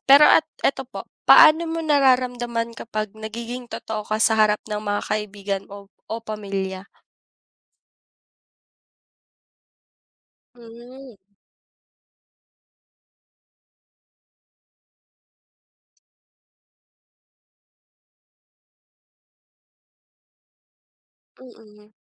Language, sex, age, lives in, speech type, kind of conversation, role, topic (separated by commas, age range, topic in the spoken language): Filipino, female, 25-29, Philippines, conversation, unstructured, none, Ano ang palagay mo tungkol sa pagpapakita ng tunay mong sarili sa harap ng iba?